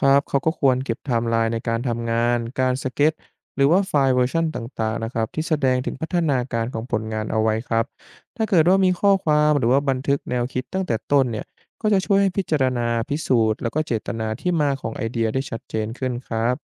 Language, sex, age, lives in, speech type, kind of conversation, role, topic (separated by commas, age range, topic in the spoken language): Thai, male, 20-24, Thailand, read, expert-assistant, expert, เวลามีข่าวศิลปะว่าภาพชิ้นหนึ่งถูกกล่าวหาว่าลอก อีกฝ่ายตอบกลับว่าแค่ได้รับแรงบันดาลใจ แบบนี้จริงหรือเปล่า?